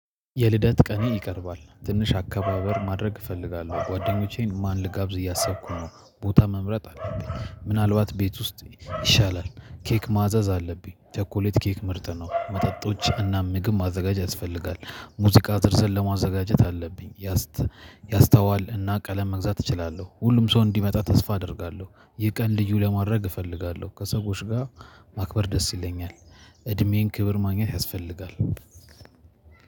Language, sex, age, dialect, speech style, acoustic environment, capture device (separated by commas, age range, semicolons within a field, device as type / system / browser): Amharic, male, 25-34, Ethiopia - Addis Ababa, happy, people talking, mobile / Linux / Chrome